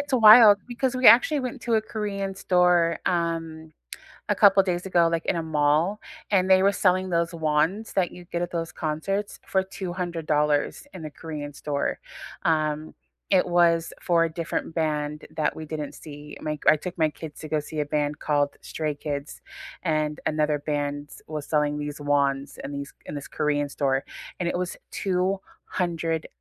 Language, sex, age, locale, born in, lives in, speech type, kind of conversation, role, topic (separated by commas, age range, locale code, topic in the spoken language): English, female, 40-44, en-US, United States, United States, conversation, unstructured, none, How did your first concert open your ears, influence your playlists, and shape who you listened to music with?